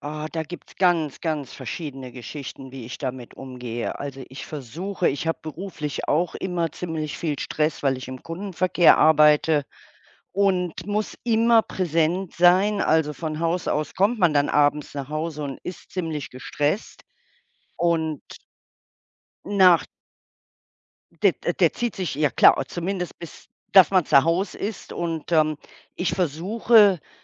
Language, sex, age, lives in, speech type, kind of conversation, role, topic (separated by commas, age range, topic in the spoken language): German, female, 60-64, Germany, conversation, unstructured, none, Wie gehst du mit Stress im Alltag um?